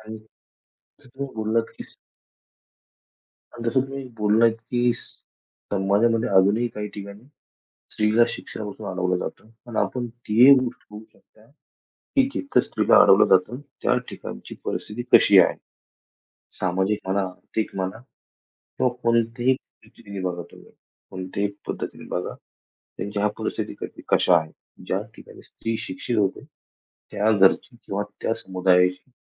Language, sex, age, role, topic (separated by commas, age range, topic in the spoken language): Marathi, male, 25-29, guest, पिढी बदलताना परंपरा सोडाव्या की जपाव्या, याबद्दल तुमचे मत काय आहे?